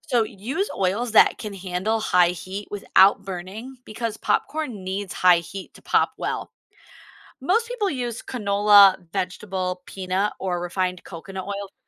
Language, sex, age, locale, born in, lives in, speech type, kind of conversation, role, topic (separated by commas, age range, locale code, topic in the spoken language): English, female, 35-39, en-US, United States, United States, read, expert-assistant, expert, How can you make perfect popcorn at home?